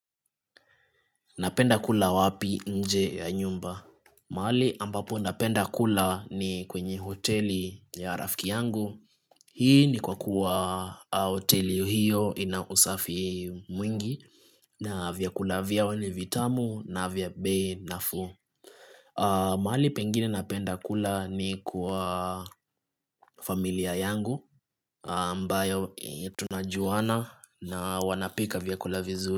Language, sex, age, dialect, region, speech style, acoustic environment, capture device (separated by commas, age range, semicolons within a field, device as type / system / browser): Swahili, male, 25-34, Kenya - Nairobi Sheng-influenced, Kenya, relaxed; focused, nature, desktop / Linux / Chrome